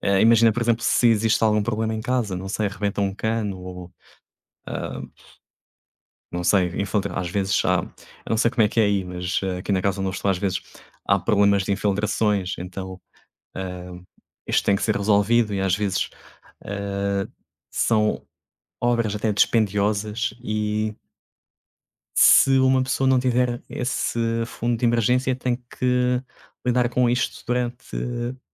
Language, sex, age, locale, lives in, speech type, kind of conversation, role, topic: Portuguese, male, 30-34, pt-PT, Portugal, conversation, unstructured, none, Você acha importante ter uma reserva de emergência?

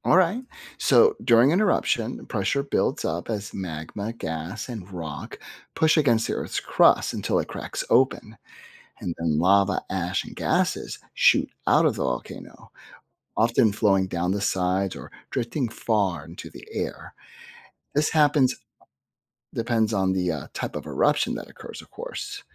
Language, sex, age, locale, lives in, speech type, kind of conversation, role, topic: English, male, 50-54, en-US, United States, read, expert-assistant, expert, How do volcanoes form and what happens during an eruption?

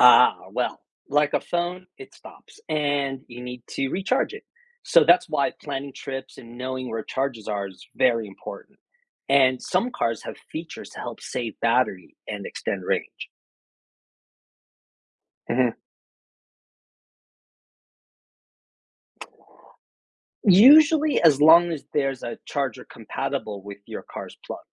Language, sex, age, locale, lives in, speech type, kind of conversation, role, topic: English, male, 50-54, en-US, United States, read, expert-assistant, expert, How do electric cars work and what are their benefits?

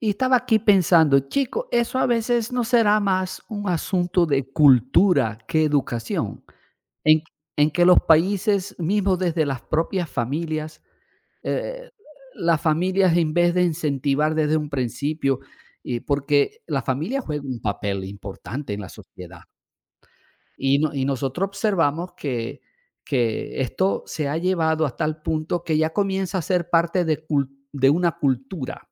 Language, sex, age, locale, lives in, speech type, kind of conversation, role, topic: Spanish, male, 55-59, es-VE, Portugal, conversation, unstructured, none, ¿Cómo afecta la desigualdad social a la convivencia diaria?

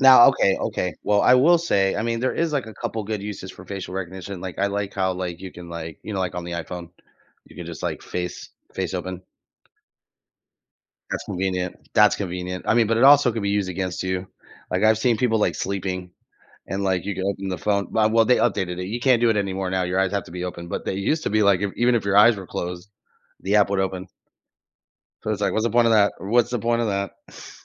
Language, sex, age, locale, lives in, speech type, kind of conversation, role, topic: English, male, 30-34, en-US, United States, conversation, unstructured, none, How do you think facial recognition technology will impact our privacy and daily lives?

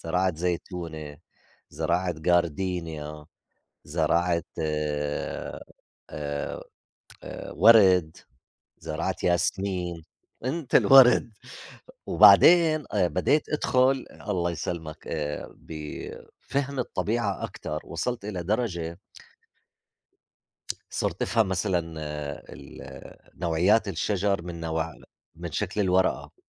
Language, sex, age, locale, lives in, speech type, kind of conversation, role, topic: Arabic, male, 50-54, ar-JO, United States, conversation, unstructured, none, إنت بتبقى حاسس بإيه لما بتقضي وقت في الطبيعة؟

